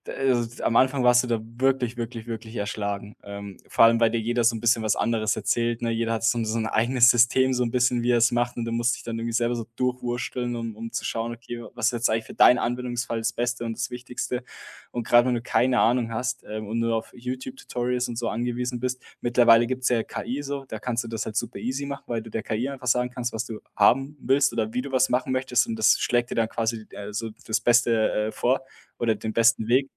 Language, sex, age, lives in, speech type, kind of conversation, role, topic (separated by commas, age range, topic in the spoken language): German, male, 25-29, Germany, conversation, podcast, guest, Wie hast du dir eine wichtige Fähigkeit selbst beigebracht?